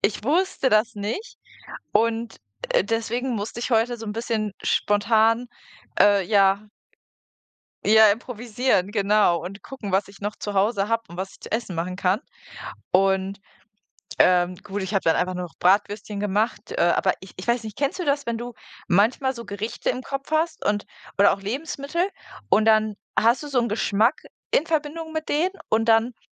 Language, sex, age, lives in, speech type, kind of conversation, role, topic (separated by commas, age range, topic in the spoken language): German, female, 25-29, Germany, conversation, unstructured, none, Erinnerst du dich an ein Gericht, das dir besonders viel Freude gemacht hat?